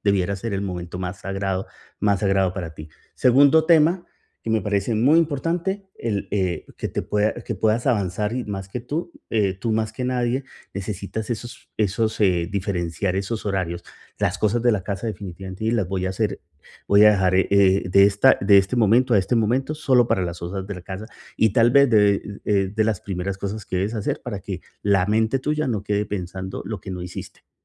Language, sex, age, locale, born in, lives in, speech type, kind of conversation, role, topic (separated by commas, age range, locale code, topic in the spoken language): Spanish, male, 45-49, es-CO, Colombia, United States, conversation, advice, advisor, ¿Cómo puedo evitar interrupciones mientras trabajo concentrado en casa?